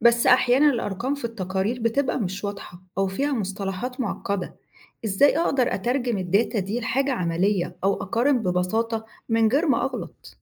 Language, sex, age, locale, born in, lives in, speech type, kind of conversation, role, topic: Arabic, female, 35-39, ar-EG, Egypt, Egypt, read, expert-assistant, asker, إزاي أقدر أتابع وأقارن بين تقنيات إنتاج الأكل التقليدية والجديدة في العالم، وأعرف إيه المناسب لبلدنا؟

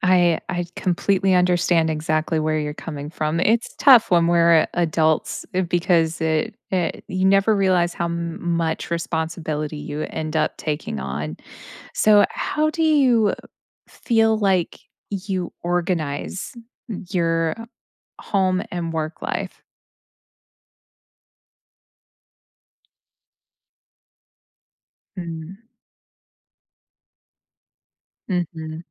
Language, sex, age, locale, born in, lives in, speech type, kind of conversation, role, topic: English, female, 35-39, en-US, United States, United States, conversation, advice, advisor, How can I manage feeling overwhelmed by school, chores, and activities?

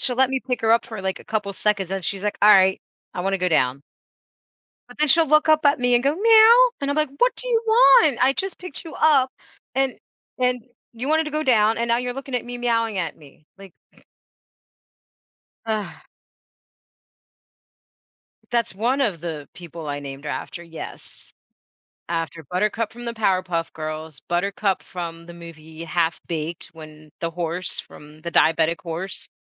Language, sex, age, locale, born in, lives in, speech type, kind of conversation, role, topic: English, female, 40-44, en-US, United States, United States, conversation, podcast, host, What habits or changes have helped you handle stress more effectively over time?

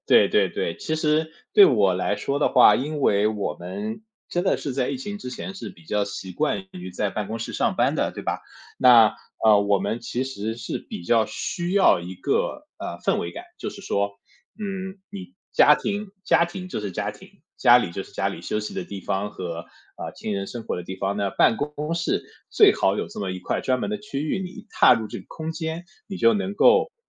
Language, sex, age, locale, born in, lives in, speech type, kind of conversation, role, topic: Chinese, male, 30-34, zh-CN, China, United States, conversation, podcast, guest, 你会如何布置家里的家庭办公区？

